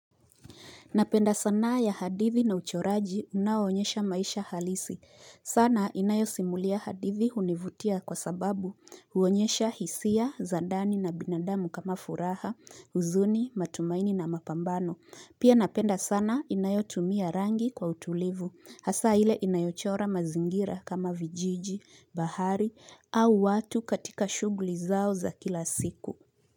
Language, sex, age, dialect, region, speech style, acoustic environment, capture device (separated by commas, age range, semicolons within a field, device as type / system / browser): Swahili, female, 25-34, Kenya - Nairobi Sheng-influenced, Kenya, relaxed, appliances, mobile / Linux / Chrome